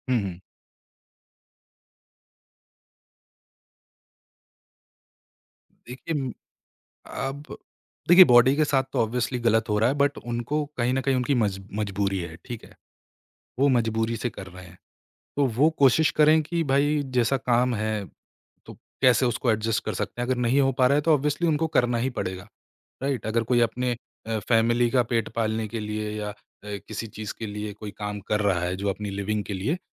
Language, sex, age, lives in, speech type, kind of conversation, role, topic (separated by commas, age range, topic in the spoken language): Hindi, male, 25-29, India, conversation, podcast, guest, Sleep routine ko maintain karne ke simple tareeke kya hain?